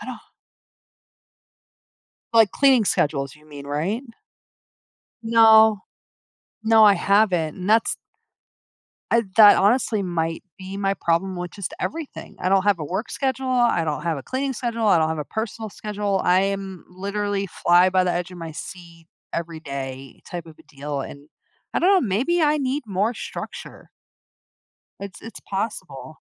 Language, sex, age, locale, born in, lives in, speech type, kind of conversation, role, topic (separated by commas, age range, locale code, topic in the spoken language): English, female, 40-44, en-US, United States, United States, conversation, advice, user, How can I balance heavy work deadlines and family responsibilities without burning out?